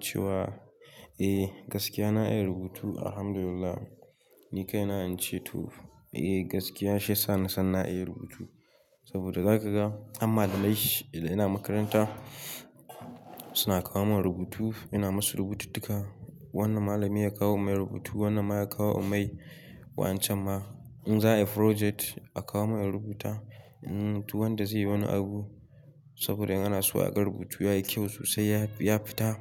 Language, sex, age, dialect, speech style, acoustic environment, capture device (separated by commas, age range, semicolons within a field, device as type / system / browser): Hausa, male, 18-24, Nigeria - Katsina, happy, nature, mobile / Linux / Chrome